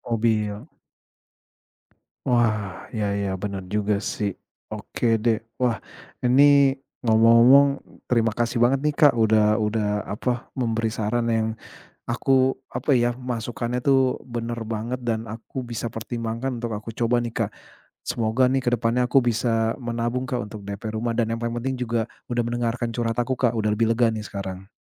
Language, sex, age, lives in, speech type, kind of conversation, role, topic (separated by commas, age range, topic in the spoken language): Indonesian, male, 35-39, Indonesia, conversation, advice, user, Bagaimana cara menabung untuk uang muka rumah atau mobil jika saya kesulitan menyisihkannya?